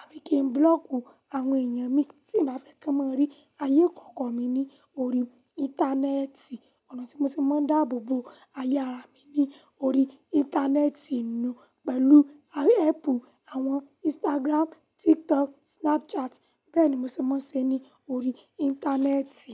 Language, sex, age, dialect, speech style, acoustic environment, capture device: Yoruba, female, 18-24, Nigeria - Lagos Yoruba, nervous, silence, mobile / Linux / Chrome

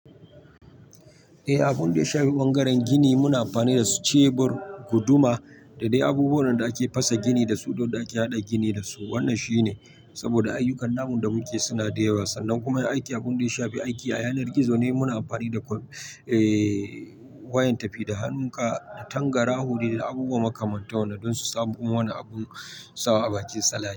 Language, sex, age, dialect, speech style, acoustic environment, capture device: Hausa, male, 25-34, Nigeria - Nigerian Standard, happy, people talking, mobile / Linux / Chrome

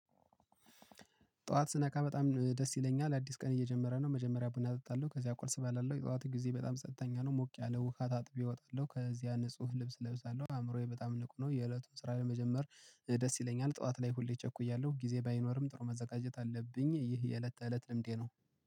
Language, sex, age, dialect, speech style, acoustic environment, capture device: Amharic, male, 25-34, Ethiopia - Gojjam, relaxed, silence, mobile / Linux / Chrome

